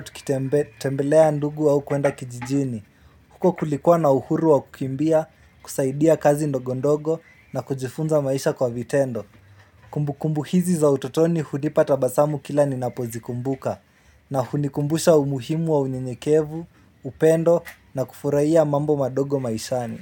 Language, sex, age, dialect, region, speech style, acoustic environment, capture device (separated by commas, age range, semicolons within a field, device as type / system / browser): Swahili, male, 18-24, Kenya - Nairobi Sheng-influenced, Kenya, relaxed, appliances, mobile / Linux / Chrome